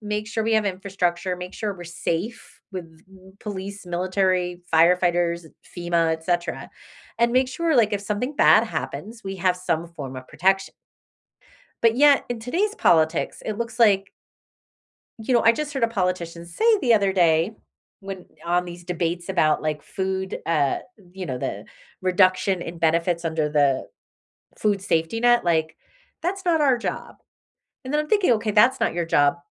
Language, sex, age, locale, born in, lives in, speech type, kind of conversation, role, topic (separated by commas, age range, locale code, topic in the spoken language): English, female, 45-49, en-US, United States, United States, conversation, unstructured, none, What role should the government play in our daily lives?